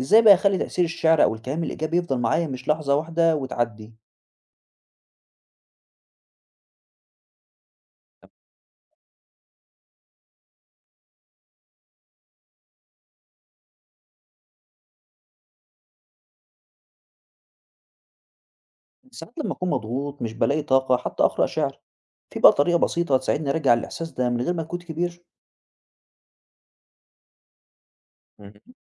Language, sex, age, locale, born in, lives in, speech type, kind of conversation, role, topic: Arabic, male, 20-24, ar-EG, Egypt, Egypt, read, expert-assistant, asker, إزاي أستخدم قراءة الشعر علشان أطور نفسي وأحقق توازن في حياتي؟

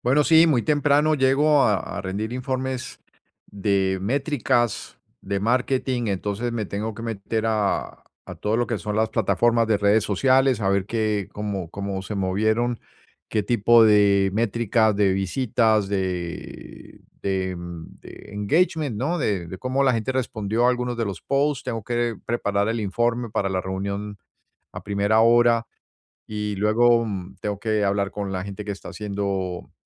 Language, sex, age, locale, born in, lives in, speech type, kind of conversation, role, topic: Spanish, male, 55-59, es-US, Colombia, United States, conversation, advice, user, ¿Cómo puedo recuperar energía mental durante mi jornada laboral?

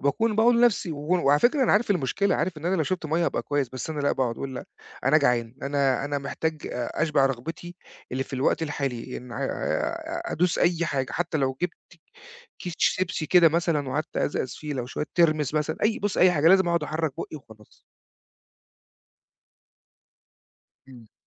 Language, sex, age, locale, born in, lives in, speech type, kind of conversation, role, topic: Arabic, male, 40-44, ar-EG, Egypt, Portugal, conversation, advice, user, إزاي أفرق بين الجوع العاطفي والجوع الحقيقي عشان ماكترش أكل؟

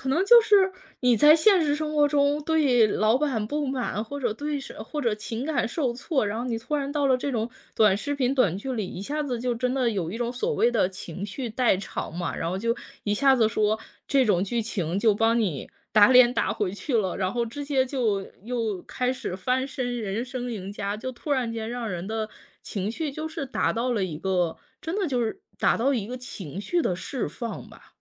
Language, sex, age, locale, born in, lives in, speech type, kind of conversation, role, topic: Chinese, female, 30-34, zh-CN, China, United States, conversation, podcast, guest, 为什么年轻人更爱刷短视频，而不是看电视剧？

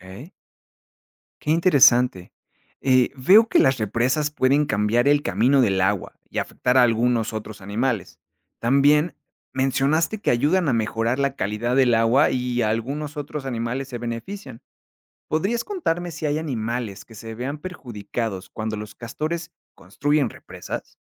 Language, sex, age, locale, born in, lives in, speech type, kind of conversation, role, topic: Spanish, male, 30-34, es-MX, Mexico, Mexico, read, expert-assistant, asker, ¿Cómo logran los castores construir sus represas?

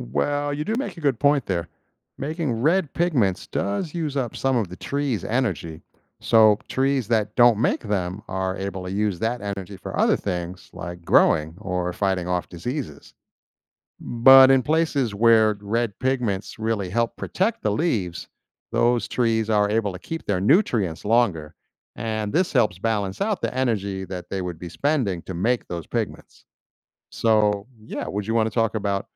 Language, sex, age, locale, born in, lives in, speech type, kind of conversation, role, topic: English, male, 50-54, en-US, United States, United States, read, expert-assistant, expert, What causes leaves to change color in the fall?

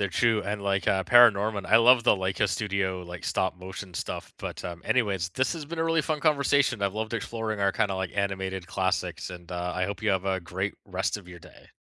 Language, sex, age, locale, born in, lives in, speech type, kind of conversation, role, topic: English, male, 40-44, en-CA, Canada, Canada, conversation, unstructured, none, Which animated classics still resonate with you as an adult?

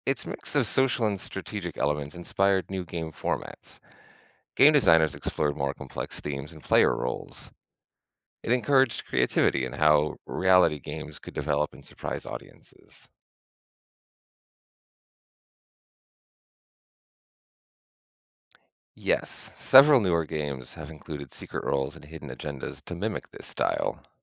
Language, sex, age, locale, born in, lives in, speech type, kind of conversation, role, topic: English, male, 35-39, en-US, United States, United States, read, expert-assistant, expert, Explain how “The Traitors” redefined reality strategy games.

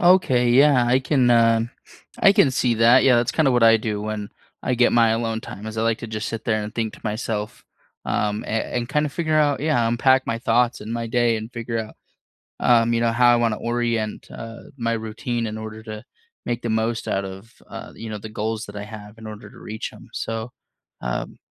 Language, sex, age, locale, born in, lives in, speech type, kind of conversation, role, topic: English, male, 25-29, en-US, United States, United States, conversation, unstructured, none, How do you unwind after a stressful day?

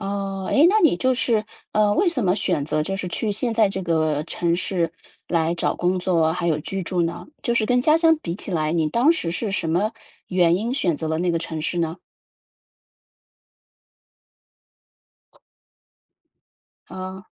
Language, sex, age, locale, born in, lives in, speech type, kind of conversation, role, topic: Chinese, female, 35-39, zh-CN, China, United States, conversation, advice, advisor, 我该如何在家庭中的性别角色期待与自己的个人选择之间取得平衡？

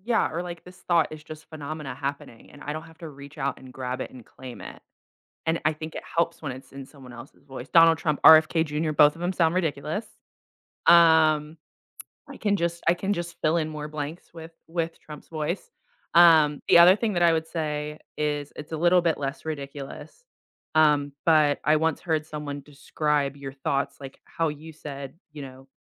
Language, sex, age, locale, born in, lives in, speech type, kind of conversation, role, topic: English, female, 25-29, en-US, United States, United States, conversation, podcast, guest, What are some effective ways to break the cycle of negative self-talk?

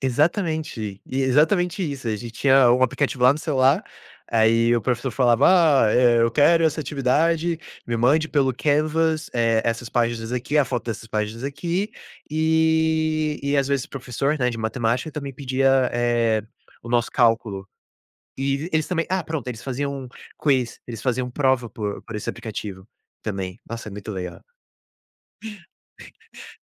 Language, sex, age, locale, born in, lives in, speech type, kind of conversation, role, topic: Portuguese, male, 20-24, pt-BR, Brazil, United States, conversation, podcast, guest, Como a tecnologia mudou a sua forma de estudar hoje?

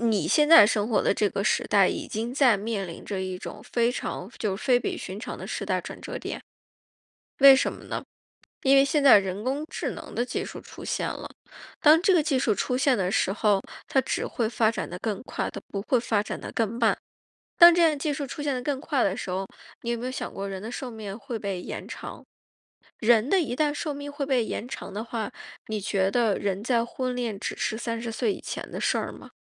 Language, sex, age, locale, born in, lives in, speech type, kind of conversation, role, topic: Chinese, female, 35-39, zh-CN, China, United States, conversation, advice, advisor, 我现在的选择会怎样影响我以后的生活？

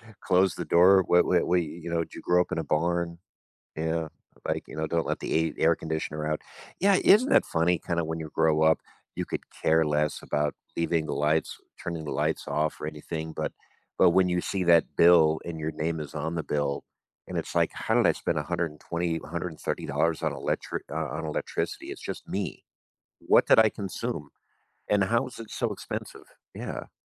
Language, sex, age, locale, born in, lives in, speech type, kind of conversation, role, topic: English, male, 45-49, en-US, United States, United States, conversation, advice, advisor, How can I reduce daily stress from balancing everyday responsibilities?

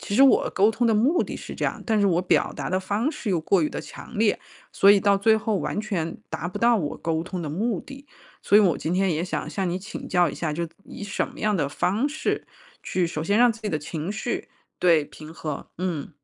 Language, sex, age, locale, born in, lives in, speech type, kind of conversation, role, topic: Chinese, female, 35-39, zh-CN, China, United States, conversation, advice, user, 争吵时如何管理情绪并冷静沟通？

